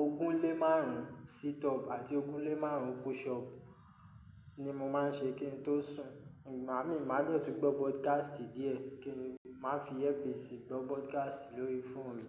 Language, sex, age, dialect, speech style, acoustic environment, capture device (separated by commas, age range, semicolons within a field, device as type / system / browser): Yoruba, male, 25-34, Nigeria - Ijebu, stressed, people talking, mobile / Linux / Chrome